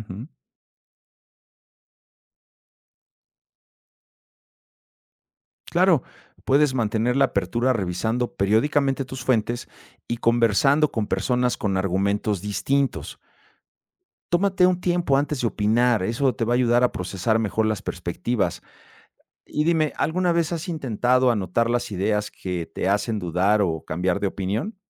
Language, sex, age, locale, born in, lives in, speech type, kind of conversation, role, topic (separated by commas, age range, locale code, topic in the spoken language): Spanish, male, 50-54, es-MX, Mexico, Mexico, read, expert-assistant, expert, ¿Cómo puedo formar una opinión propia sobre la política de mi país usando ejemplos de otras naciones?